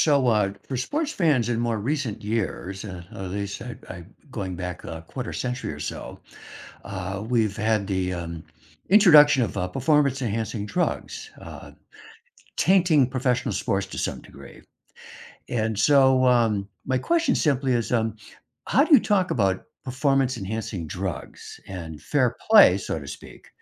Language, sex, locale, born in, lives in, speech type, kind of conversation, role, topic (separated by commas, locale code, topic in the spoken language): English, male, en-US, United States, United States, conversation, unstructured, none, How can I discuss performance-enhancing drugs and fair play without sounding moralizing?